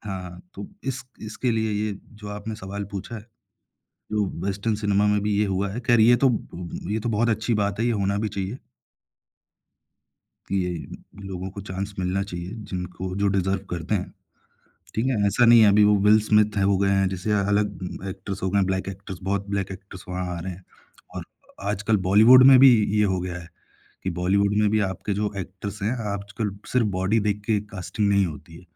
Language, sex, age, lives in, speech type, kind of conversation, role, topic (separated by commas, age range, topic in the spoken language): Hindi, male, 25-29, India, conversation, podcast, guest, Kahaniyon mein pratinidhitva tumhare hisaab se kyun zaroori hota hai?